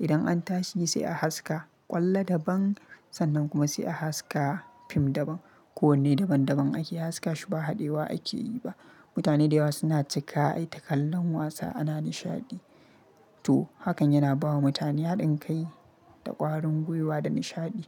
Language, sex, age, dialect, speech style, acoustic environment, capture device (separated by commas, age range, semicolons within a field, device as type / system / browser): Hausa, male, 25-34, Nigeria - Kano, happy; relaxed, silence, mobile / Linux / Chrome